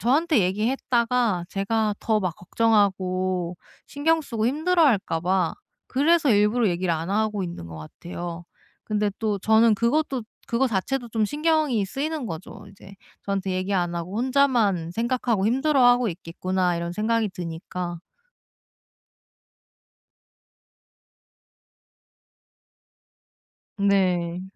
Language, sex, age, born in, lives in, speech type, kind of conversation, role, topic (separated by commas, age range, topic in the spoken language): Korean, female, 30-34, South Korea, South Korea, conversation, advice, user, 파트너의 슬픔과 불안을 어떻게 진심으로 공감해 줄 수 있을까요?